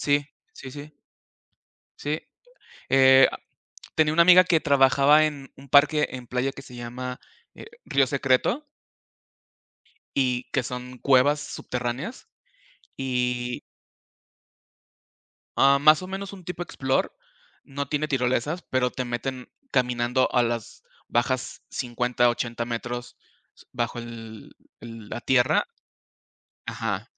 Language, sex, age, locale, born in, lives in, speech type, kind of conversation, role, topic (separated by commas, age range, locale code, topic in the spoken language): Spanish, male, 35-39, es-MX, Mexico, Mexico, conversation, unstructured, none, ¿Cómo pasas tiempo con tus amigos?